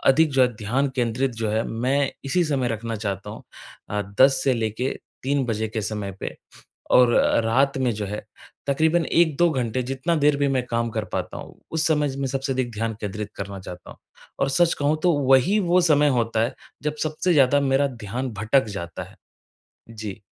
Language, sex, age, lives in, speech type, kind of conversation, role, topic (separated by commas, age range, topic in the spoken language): Hindi, male, 25-29, India, conversation, advice, user, डिजिटल सूचनाएँ मेरे काम में बार-बार बाधा क्यों डालती हैं?